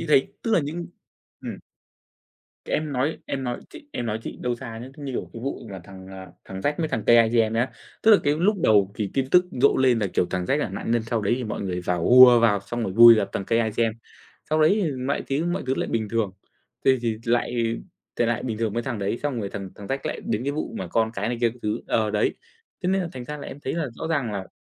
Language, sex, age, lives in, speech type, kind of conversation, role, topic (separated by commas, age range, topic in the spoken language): Vietnamese, male, 25-29, Vietnam, conversation, unstructured, none, Bạn có lo rằng tin giả sẽ phá hoại xã hội trong tương lai không?